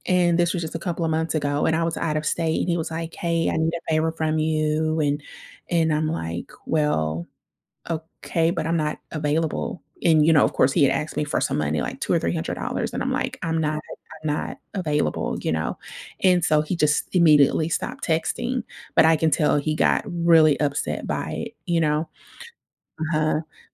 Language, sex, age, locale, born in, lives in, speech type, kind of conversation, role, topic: English, female, 30-34, en-US, United States, United States, conversation, advice, user, How can I set boundaries with someone taking advantage of me?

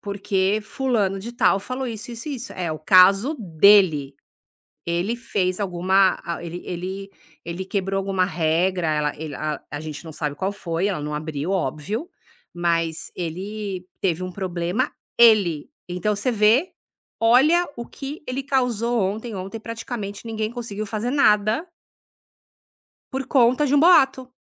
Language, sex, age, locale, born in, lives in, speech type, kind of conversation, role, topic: Portuguese, female, 50-54, pt-BR, Brazil, United States, conversation, podcast, guest, Como lidar quando um mal-entendido vira boato ou fofoca?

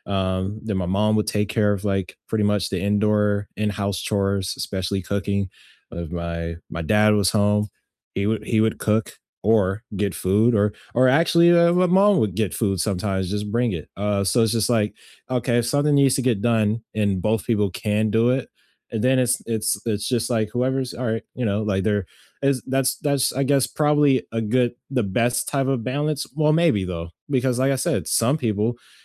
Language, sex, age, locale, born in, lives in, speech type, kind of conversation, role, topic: English, male, 20-24, en-US, United States, United States, conversation, unstructured, none, How do you prefer to divide household responsibilities and daily routines so everyone feels supported and things stay fair?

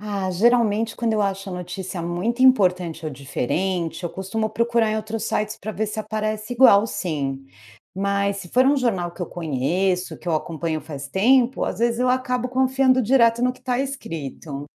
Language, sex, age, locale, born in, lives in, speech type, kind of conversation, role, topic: Portuguese, female, 45-49, pt-BR, Brazil, United States, read, expert-assistant, asker, Por que a leitura de jornais é importante para a cidadania?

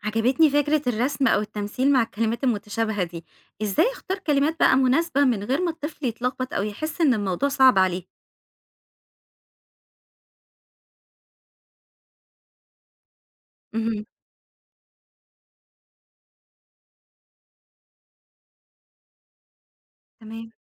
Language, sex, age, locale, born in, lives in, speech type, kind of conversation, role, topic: Arabic, female, 30-34, ar-EG, Egypt, Egypt, read, expert-assistant, asker, لو لاحظنا الشبه بين تعبيرات عربي وتعبيرات في لغات تانية، ممكن ده يساعدنا نبتكر طرق جديدة للتواصل أو للشرح؟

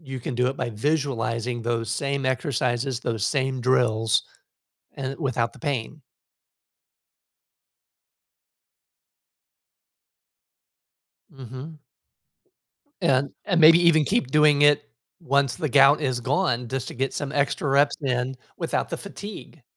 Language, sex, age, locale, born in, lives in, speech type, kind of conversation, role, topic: English, male, 55-59, en-US, United States, United States, conversation, advice, advisor, How can I make steady progress on my personal goals?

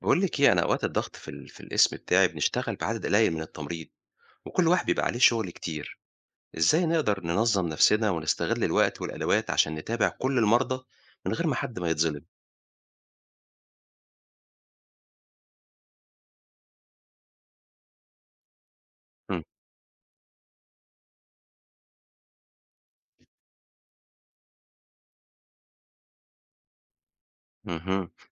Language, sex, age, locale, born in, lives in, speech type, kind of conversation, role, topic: Arabic, male, 45-49, ar-EG, Egypt, Egypt, read, expert-assistant, asker, لو معاك موارد محدودة في الشغل كممرض، إزاي ممكن تستغلها بأفضل طريقة عشان تدي رعاية كويسة للمرضى؟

